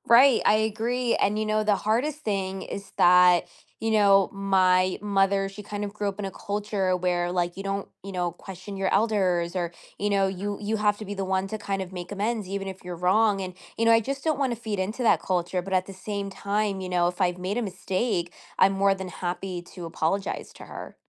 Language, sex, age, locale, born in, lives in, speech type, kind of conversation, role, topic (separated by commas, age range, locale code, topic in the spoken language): English, female, 25-29, en-US, United States, United States, conversation, advice, user, How do I apologize and rebuild trust after saying something I regret in an argument?